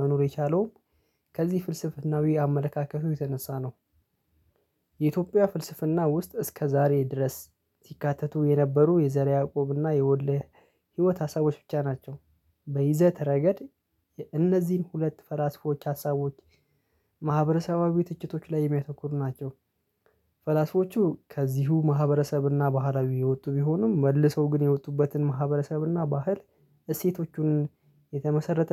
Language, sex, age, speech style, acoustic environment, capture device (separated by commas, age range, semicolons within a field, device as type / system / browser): Amharic, male, 25-34, relaxed, silence, mobile / Linux / Chrome